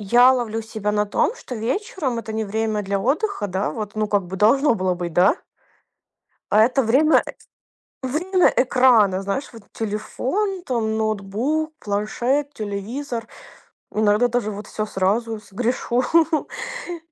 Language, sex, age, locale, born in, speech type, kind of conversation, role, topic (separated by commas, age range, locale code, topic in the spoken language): Russian, female, 25-29, ru-RU, Ukraine, conversation, advice, user, Как сократить экранное время вечером перед сном, чтобы лучше спать?